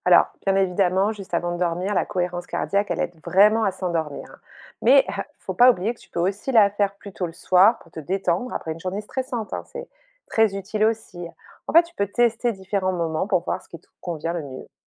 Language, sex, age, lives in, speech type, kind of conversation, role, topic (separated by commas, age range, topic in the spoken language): French, female, 45-49, France, read, expert-assistant, expert, Si on pouvait repenser la façon dont on dort, à quoi ressemblerait une nuit idéale pour être vraiment en forme ?